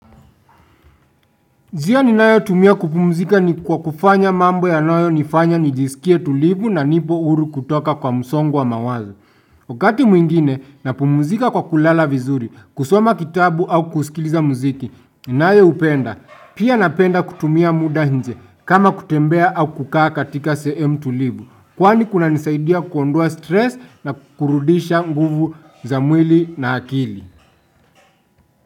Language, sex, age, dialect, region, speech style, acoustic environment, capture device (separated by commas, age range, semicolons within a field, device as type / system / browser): Swahili, male, 25-34, Kenya - Nairobi Sheng-influenced, Kenya, happy; anxious; tired; nervous, silence, desktop / Windows / Chrome